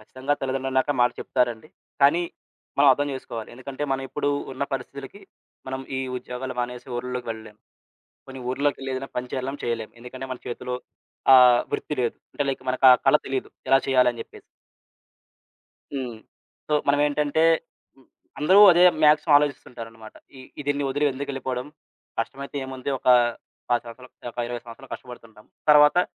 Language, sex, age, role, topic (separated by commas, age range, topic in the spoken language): Telugu, male, 20-24, guest, పాత నమ్మకాన్ని విడిచి కొత్తదాన్ని ఆచరించడం మీకు ఎలా అనిపించింది?